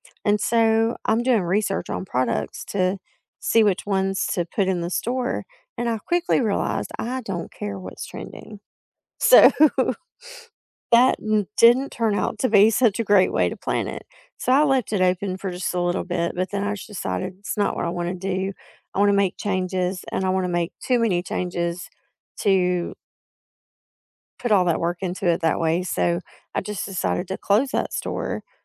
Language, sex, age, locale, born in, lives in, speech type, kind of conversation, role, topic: English, female, 45-49, en-US, United States, United States, conversation, unstructured, none, What job makes you feel proud of your work?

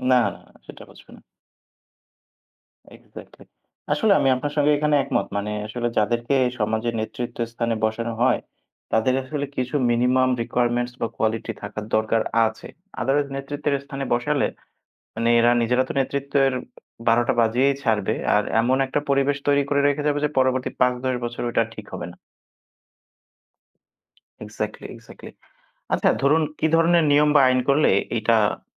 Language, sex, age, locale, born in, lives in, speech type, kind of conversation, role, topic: Bengali, male, 25-29, bn-BD, Bangladesh, Bangladesh, conversation, unstructured, none, রাজনৈতিক নেতাদের দায়বদ্ধতা বাড়াতে কী কী ব্যবস্থা নেওয়া উচিত?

